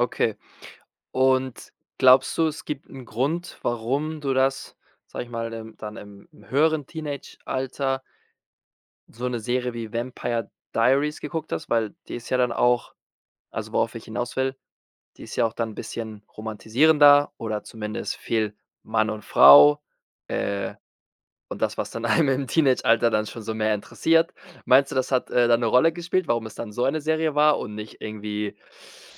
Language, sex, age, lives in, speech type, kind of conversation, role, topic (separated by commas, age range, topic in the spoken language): German, male, 25-29, Spain, conversation, podcast, host, Welche Serien haben dich als Teenager begleitet?